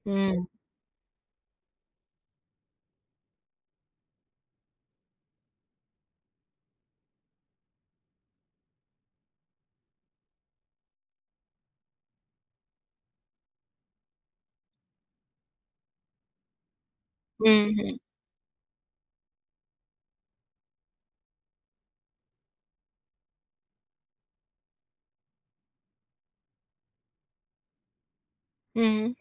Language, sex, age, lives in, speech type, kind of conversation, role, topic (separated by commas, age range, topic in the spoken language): Hindi, female, 50-54, United States, conversation, advice, advisor, हम भाई-बहन की लगातार होने वाली एक-दूसरे से तुलना से कैसे निपटें?